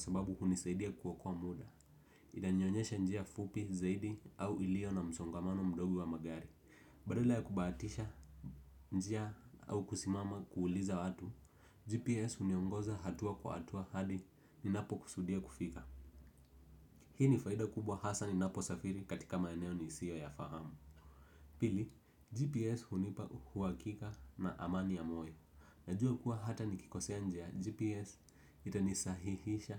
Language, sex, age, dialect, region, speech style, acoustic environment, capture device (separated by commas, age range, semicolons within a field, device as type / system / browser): Swahili, male, 18-24, Kenya - Nairobi Sheng-influenced, Kenya, relaxed; focused; happy, silence, desktop / Windows / Edge